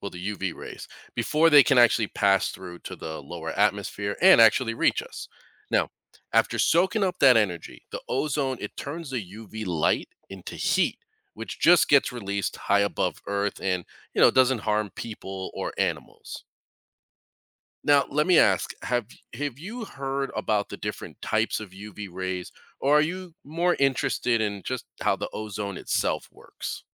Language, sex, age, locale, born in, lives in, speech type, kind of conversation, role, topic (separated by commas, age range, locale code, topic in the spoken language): English, male, 40-44, en-US, United States, United States, read, expert-assistant, expert, What is the ozone layer, and why is it important for life on Earth?